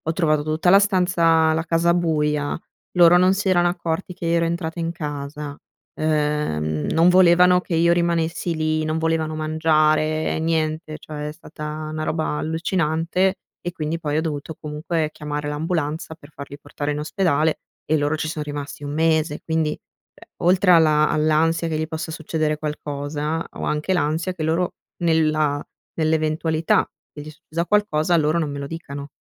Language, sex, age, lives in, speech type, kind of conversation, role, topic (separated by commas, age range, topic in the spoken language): Italian, female, 25-29, Italy, conversation, advice, user, Come ti prendi cura dei tuoi genitori anziani?